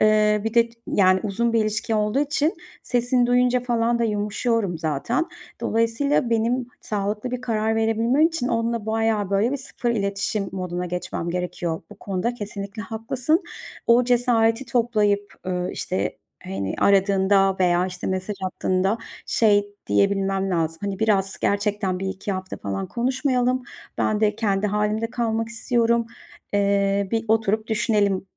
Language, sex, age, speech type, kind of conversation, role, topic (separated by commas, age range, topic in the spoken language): Turkish, female, 40-44, conversation, advice, user, Yeni bir ilişkide kendime iyi bakarken sağlıklı sınırlarımı nasıl belirleyip koruyabilirim?